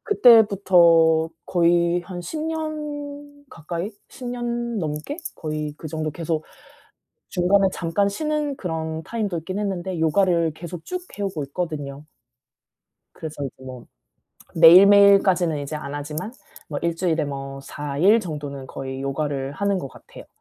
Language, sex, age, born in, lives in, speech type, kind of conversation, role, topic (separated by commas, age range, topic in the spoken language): Korean, female, 35-39, South Korea, South Korea, conversation, advice, user, 신체 기능 변화에 정서적으로 어떻게 적응해야 하나요?